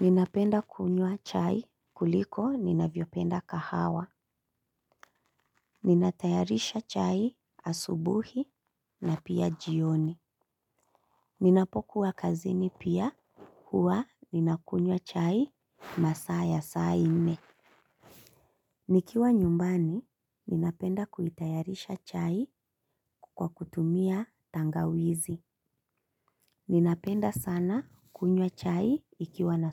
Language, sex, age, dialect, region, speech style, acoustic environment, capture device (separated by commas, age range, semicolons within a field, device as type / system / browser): Swahili, female, 25-34, Kenya - Mombasa, Kenya, relaxed, nature, mobile / Linux / Chrome